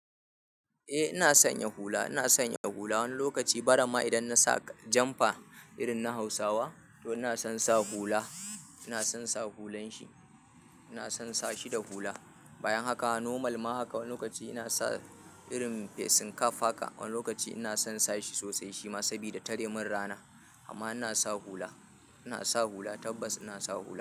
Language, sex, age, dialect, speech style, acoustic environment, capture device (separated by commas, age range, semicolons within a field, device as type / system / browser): Hausa, male, 25-34, Nigeria - Kano, happy; anxious; nervous; excited; focused; tired; stressed; relaxed, people talking; music; nature; silence; appliances; construction; traffic, mobile / Linux / Chrome